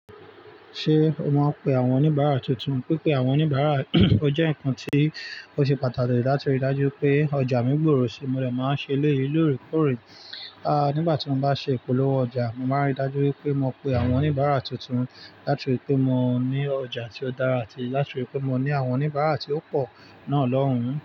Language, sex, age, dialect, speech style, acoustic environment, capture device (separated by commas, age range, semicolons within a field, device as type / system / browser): Yoruba, male, 25-34, Nigeria - Lagos Yoruba, relaxed, nature, mobile / Linux / Chrome